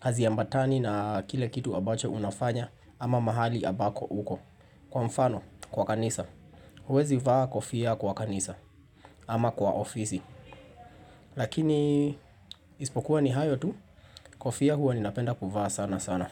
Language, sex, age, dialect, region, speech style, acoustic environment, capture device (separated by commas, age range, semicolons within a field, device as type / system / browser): Swahili, male, 25-34, Kenya - Nairobi Sheng-influenced, Kenya, relaxed, people talking, mobile / Linux / Chrome